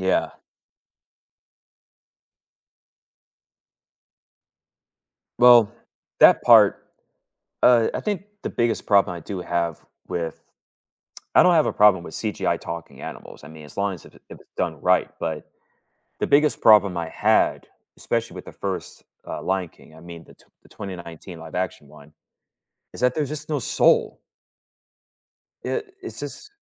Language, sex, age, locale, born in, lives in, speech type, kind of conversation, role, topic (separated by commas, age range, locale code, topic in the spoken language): English, male, 30-34, en-US, United States, United States, conversation, unstructured, none, How do different types of movies shape our culture and society?